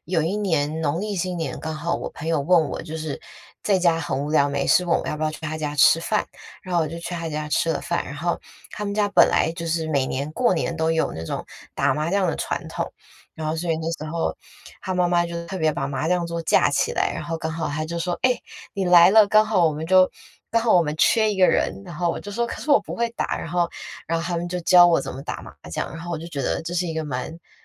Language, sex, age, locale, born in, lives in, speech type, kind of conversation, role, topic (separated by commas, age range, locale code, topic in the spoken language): Chinese, female, 25-29, zh-TW, Taiwan, United States, conversation, podcast, guest, 你觉得和家人朋友一起过节有什么特别的意义？